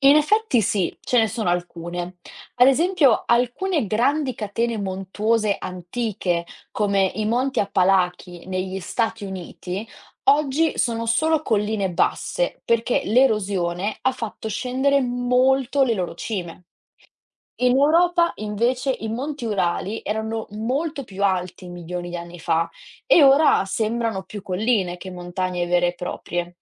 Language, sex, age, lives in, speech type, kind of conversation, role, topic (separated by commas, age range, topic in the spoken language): Italian, female, 20-24, Italy, read, expert-assistant, expert, Perché le montagne hanno forme diverse?